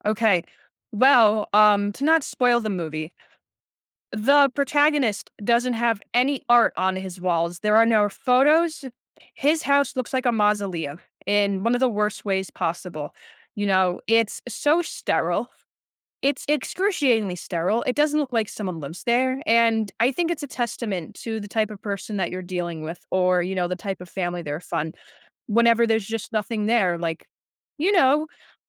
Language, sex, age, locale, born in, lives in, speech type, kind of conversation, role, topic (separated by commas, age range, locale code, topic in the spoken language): English, other, 20-24, en-US, United States, United States, conversation, podcast, guest, What makes a house feel like a home to you?